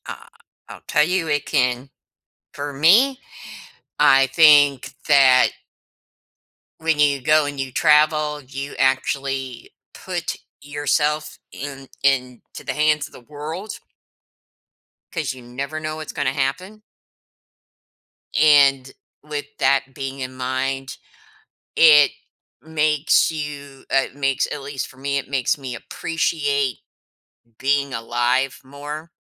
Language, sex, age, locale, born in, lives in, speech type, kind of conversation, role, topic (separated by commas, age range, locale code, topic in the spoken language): English, female, 55-59, en-US, United States, United States, conversation, podcast, guest, In what ways can experiencing new places and cultures shape the way you view the world?